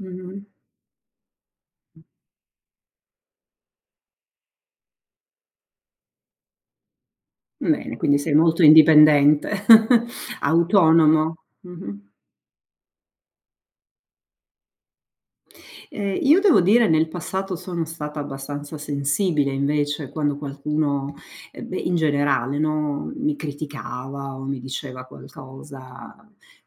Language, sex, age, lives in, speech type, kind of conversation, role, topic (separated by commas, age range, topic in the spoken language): Italian, female, 50-54, United States, conversation, unstructured, none, Come reagisci quando qualcuno critica il modo in cui pratichi un hobby?